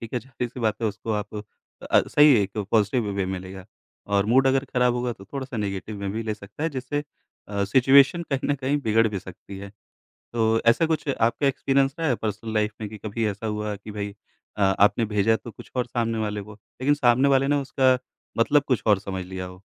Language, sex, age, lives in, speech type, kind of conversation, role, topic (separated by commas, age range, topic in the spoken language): Hindi, male, 35-39, India, conversation, podcast, host, Text par baaton ko zyada galat kyun samjha jaata hai?